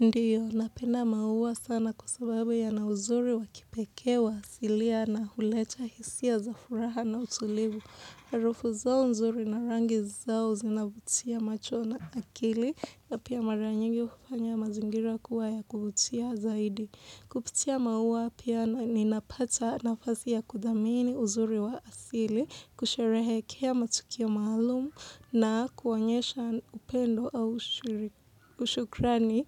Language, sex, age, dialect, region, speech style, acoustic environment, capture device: Swahili, female, 18-24, Kenya - Mombasa, Kenya, happy, silence, mobile / Linux / Chrome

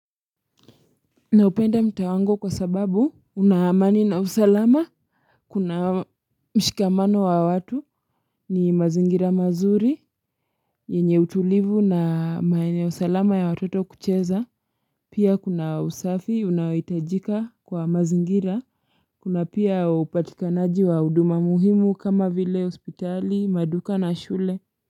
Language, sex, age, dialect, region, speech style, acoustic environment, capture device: Swahili, female, 25-34, Kenya - Mombasa, Kenya, anxious; focused, silence, mobile / Linux / Chrome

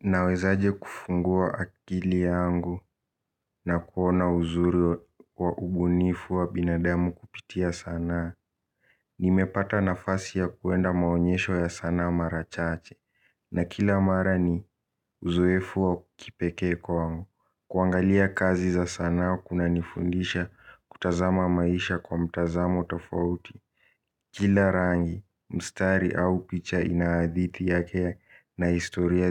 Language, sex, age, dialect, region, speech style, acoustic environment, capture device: Swahili, male, 18-24, Kenya - Nairobi Sheng-influenced, Kenya, relaxed, silence, mobile / Linux / Chrome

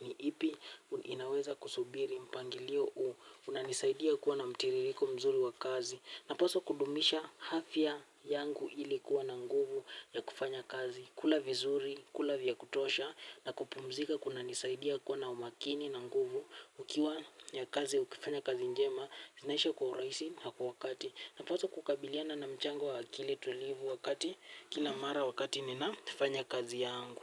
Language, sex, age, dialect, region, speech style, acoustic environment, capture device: Swahili, male, 18-24, Kenya - Nairobi Sheng-influenced, Kenya, happy, nature, mobile / Linux / Chrome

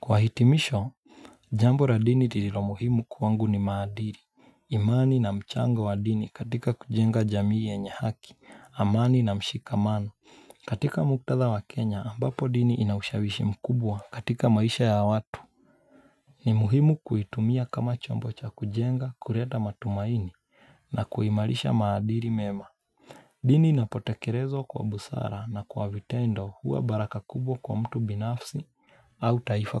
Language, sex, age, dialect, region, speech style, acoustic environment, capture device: Swahili, male, 25-34, Kenya - Mombasa, Kenya, relaxed, nature, mobile / Linux / Chrome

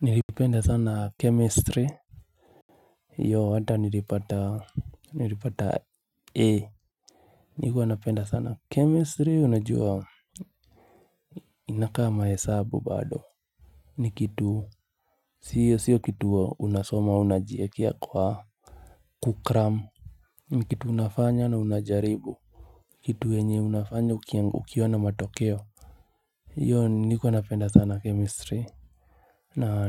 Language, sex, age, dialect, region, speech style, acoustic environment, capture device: Swahili, male, 25-34, Kenya - Nairobi Sheng-influenced, Kenya, relaxed, silence, mobile / Linux / Chrome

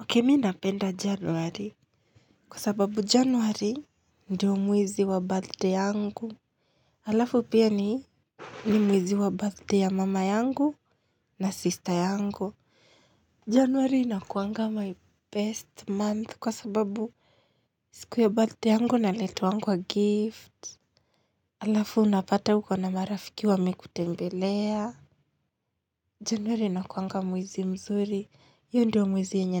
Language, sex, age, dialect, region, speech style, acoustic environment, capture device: Swahili, female, 25-34, Kenya - Nairobi Sheng-influenced, Kenya, happy, silence, mobile / Linux / Chrome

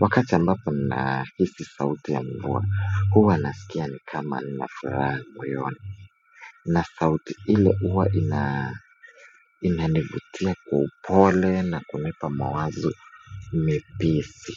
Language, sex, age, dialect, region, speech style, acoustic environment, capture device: Swahili, male, 18-24, Kenya - Nairobi Sheng-influenced, Kenya, happy; anxious; excited; nervous; focused; tired; relaxed; stressed, appliances; silence; people talking; music; nature; construction; traffic, mobile / Linux / Chrome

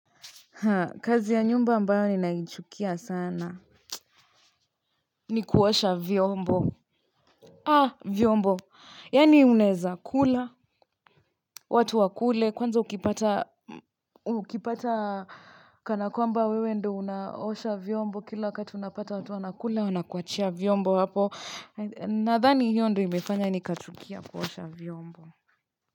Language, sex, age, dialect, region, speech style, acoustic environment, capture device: Swahili, female, 25-34, Kenya - Nairobi Sheng-influenced, Kenya, relaxed, nature, mobile / Linux / Chrome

